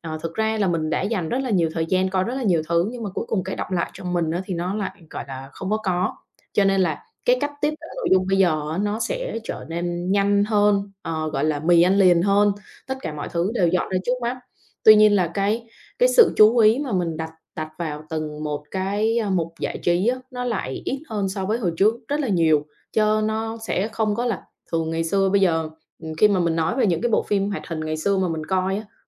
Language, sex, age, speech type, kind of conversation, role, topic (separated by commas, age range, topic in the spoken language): Vietnamese, female, 25-29, conversation, podcast, guest, Bạn nghĩ mạng xã hội đã thay đổi cách chúng ta thưởng thức giải trí như thế nào?